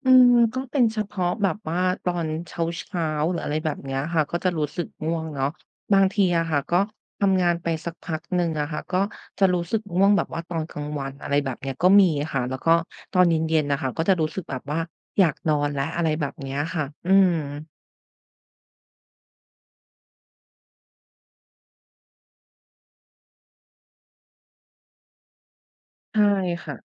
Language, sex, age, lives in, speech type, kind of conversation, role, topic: Thai, female, 30-34, Thailand, conversation, advice, user, พยายามนอนให้เร็วขึ้นแต่กลับตื่นสายทุกวัน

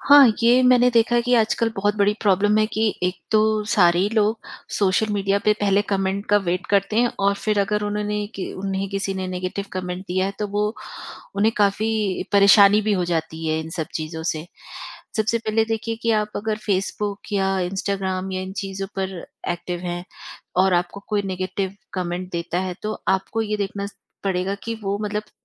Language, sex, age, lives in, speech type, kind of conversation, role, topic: Hindi, female, 45-49, India, conversation, podcast, guest, Negative comments aane par aap apni reputation kaise sambhalte ho?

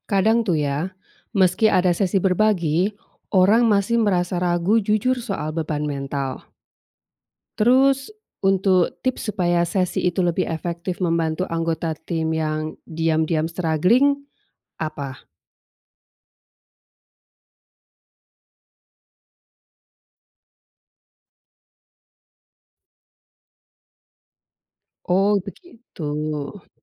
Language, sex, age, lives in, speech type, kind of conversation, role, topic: Indonesian, female, 45-49, Indonesia, read, expert-assistant, asker, Bagaimana menjaga kesehatan mental di dunia jurnalistik yang penuh tekanan?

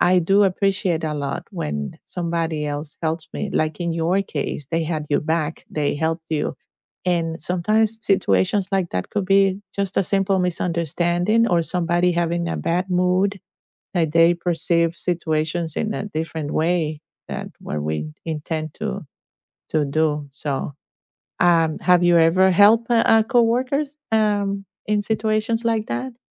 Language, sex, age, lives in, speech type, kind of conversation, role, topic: English, female, 50-54, United States, conversation, unstructured, none, How do you feel when you help a coworker succeed?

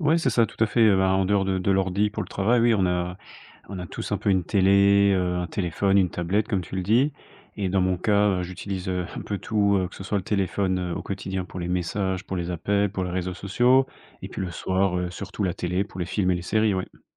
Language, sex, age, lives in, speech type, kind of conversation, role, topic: French, male, 25-29, France, conversation, podcast, guest, Comment gères-tu ton temps d’écran au quotidien ?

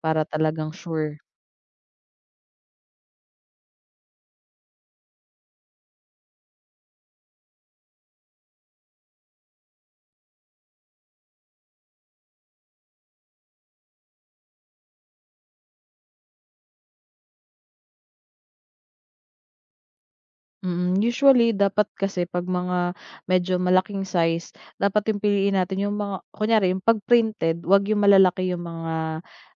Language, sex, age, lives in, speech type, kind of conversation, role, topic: Filipino, female, 30-34, Philippines, conversation, advice, advisor, Paano ko malalaman ang tamang sukat ng damit para sa akin?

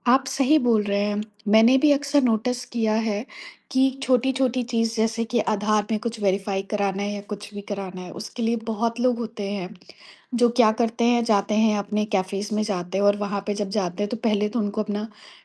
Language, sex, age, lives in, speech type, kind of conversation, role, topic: Hindi, female, 30-34, India, conversation, podcast, host, Tech adoption aur digital divide ki wajah se aam logon ko kya-kya dikkat ho sakti hai, aapka kya nazariya hai?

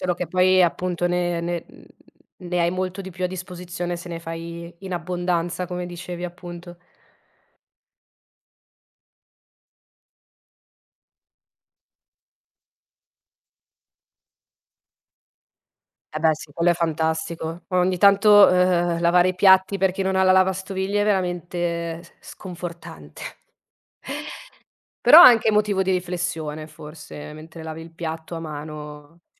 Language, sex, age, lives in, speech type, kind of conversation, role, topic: Italian, female, 30-34, Italy, conversation, podcast, host, Come scegli cosa mangiare quando sei di fretta?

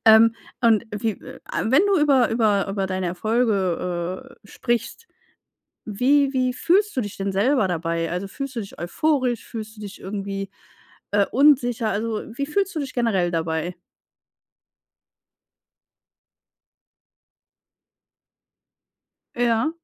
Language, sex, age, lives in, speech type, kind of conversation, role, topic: German, female, 35-39, Germany, conversation, advice, advisor, Wie kann ich meine Erfolge intern kommunizieren, ohne zu prahlen?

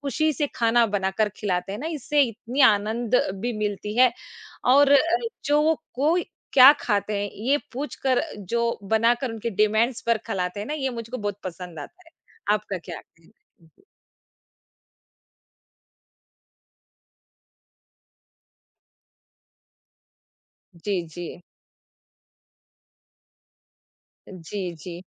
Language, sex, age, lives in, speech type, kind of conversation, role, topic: Hindi, female, 40-44, India, conversation, unstructured, none, खाना बनाते समय आपको सबसे ज़्यादा मज़ा कब आता है?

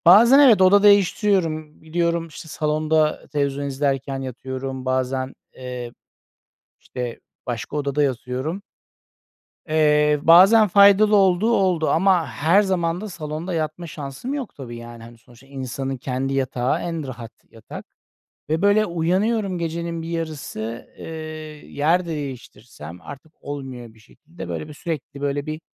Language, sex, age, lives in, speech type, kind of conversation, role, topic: Turkish, male, 40-44, Netherlands, conversation, advice, user, Gece sık sık uyanıp tekrar uyuyamama sorununu nasıl yaşıyorsun?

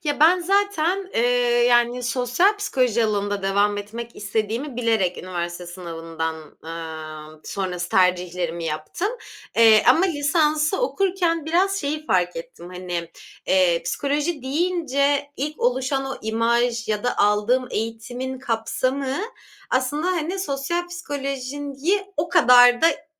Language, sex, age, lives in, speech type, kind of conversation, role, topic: Turkish, female, 25-29, Germany, conversation, podcast, guest, Kariyer değişikliği kimliğini nasıl etkiledi?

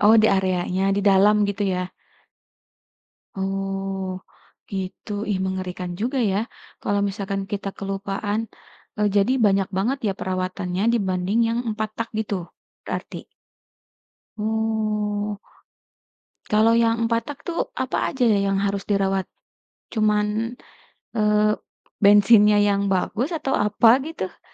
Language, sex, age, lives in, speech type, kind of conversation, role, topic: Indonesian, female, 35-39, Indonesia, conversation, podcast, host, Hobi apa yang paling kamu suka saat ini?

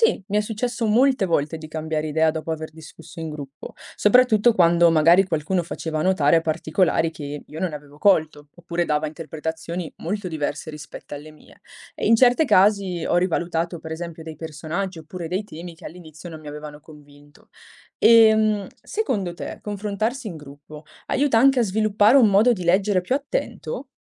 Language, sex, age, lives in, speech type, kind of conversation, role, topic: Italian, female, 20-24, Italy, read, expert-assistant, asker, Qual è un modo efficace per scegliere cosa leggere nella letteratura contemporanea?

